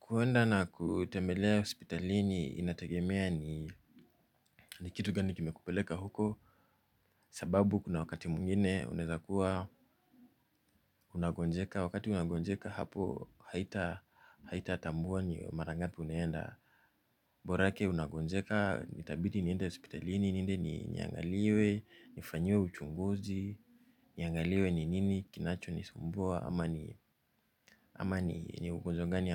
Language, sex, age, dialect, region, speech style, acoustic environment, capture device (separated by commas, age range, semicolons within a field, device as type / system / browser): Swahili, male, 25-34, Kenya - Nairobi Sheng-influenced, Kenya, relaxed, silence, mobile / Linux / Chrome